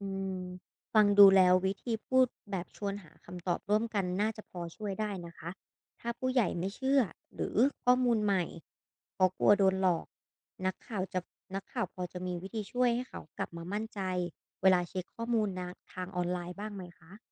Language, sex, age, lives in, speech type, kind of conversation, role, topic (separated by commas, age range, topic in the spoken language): Thai, female, 25-29, Thailand, read, expert-assistant, asker, นักข่าวมีวิธีฝึกสังเกตข่าวจริงกับข่าวปลอมในชีวิตประจำวันยังไงบ้าง?